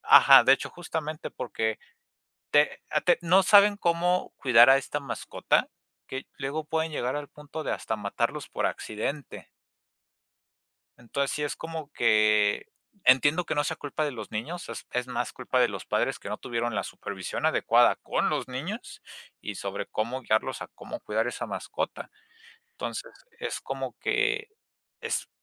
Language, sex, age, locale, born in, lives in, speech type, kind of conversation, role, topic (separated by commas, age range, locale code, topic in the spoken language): Spanish, male, 20-24, es-MX, Mexico, Mexico, conversation, unstructured, none, ¿Es correcto comprar mascotas en tiendas o es mejor adoptarlas en refugios?